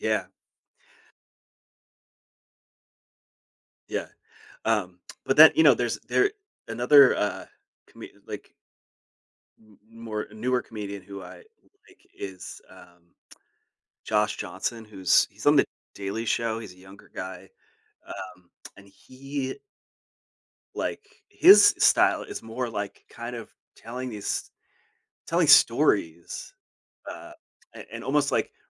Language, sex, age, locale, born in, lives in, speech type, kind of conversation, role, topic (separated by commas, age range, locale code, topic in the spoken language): English, male, 40-44, en-US, United States, United States, conversation, podcast, guest, What qualities do you think make someone a truly memorable comedian?